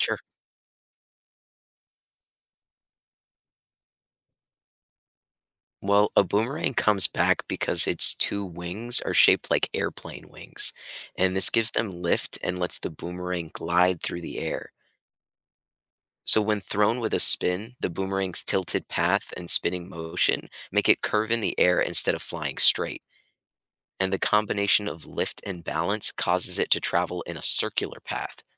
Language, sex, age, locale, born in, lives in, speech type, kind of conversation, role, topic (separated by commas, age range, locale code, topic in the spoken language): English, male, 25-29, en-US, United States, United States, read, expert-assistant, expert, How do you throw and care for a boomerang so it comes back?